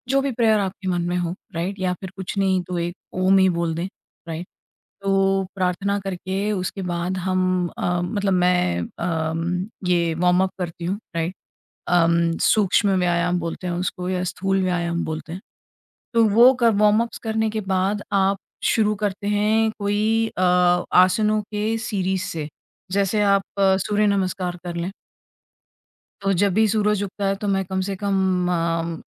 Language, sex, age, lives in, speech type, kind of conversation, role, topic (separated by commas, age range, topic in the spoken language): Hindi, female, 45-49, India, conversation, podcast, guest, Kaunsi roz ki aadatein tumhari creativity ko lagatar banaaye rakhti hain?